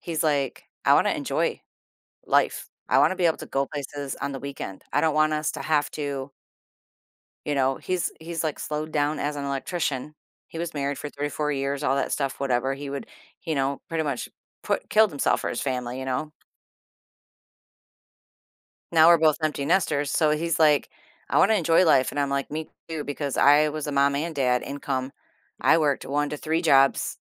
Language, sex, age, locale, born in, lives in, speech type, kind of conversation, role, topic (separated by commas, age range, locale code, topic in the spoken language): English, female, 50-54, en-US, United States, United States, conversation, advice, user, How can I manage overwhelming work and personal commitments?